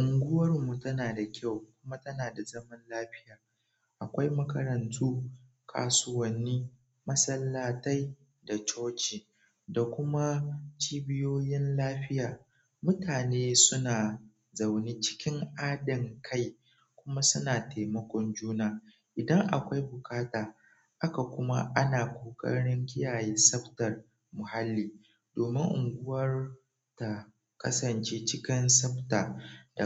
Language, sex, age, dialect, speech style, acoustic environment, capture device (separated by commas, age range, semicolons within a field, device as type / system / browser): Hausa, male, 18-24, Nigeria - Nigerian Standard, relaxed; focused, nature, mobile / Android / Chrome